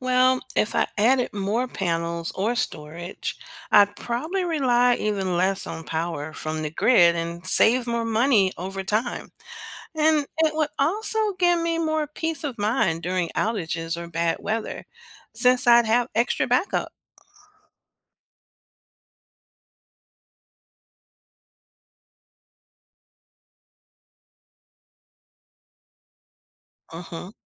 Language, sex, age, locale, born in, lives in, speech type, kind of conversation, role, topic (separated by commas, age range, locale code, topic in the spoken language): English, female, 50-54, en-US, United States, United States, read, expert-assistant, asker, How do solar and wind power generate electricity?